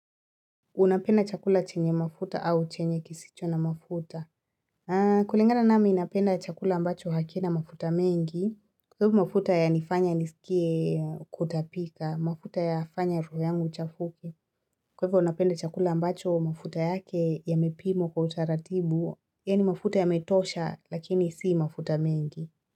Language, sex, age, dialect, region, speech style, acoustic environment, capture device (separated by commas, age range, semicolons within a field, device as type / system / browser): Swahili, female, 25-34, Kenya - Nairobi Sheng-influenced, Kenya, relaxed, silence, mobile / Linux / Chrome